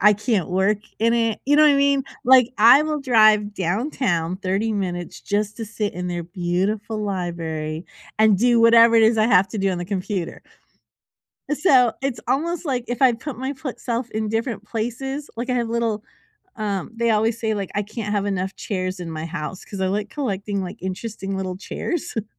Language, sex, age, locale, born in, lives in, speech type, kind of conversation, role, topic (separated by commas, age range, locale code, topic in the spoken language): English, female, 50-54, en-US, United States, United States, conversation, unstructured, none, What small habit really changed your daily routine?